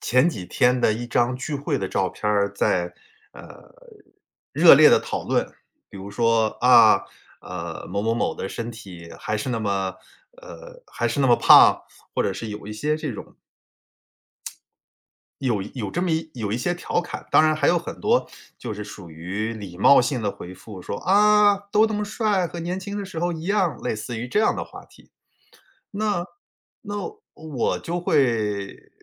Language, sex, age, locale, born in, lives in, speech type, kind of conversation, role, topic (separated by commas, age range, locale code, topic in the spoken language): Chinese, male, 45-49, zh-CN, China, United States, conversation, advice, user, 在群聊里被误解后引发冲突时，我该怎么处理？